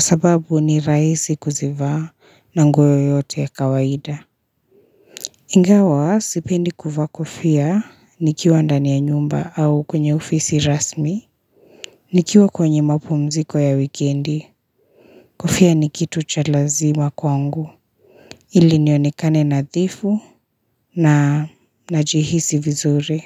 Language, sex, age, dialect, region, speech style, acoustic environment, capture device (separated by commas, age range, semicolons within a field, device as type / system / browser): Swahili, female, 25-34, Kenya - Nairobi Sheng-influenced, Kenya, relaxed; tired, silence; nature, mobile / Linux / Chrome